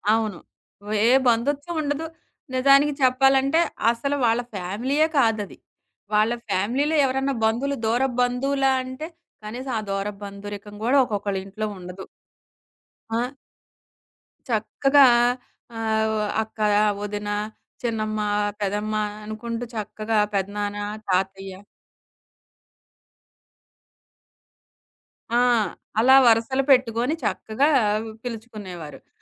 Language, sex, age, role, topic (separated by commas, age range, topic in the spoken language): Telugu, female, 40-44, guest, ఒక చిన్న గ్రామం మీకు ఏ జీవన పాఠం నేర్పించింది?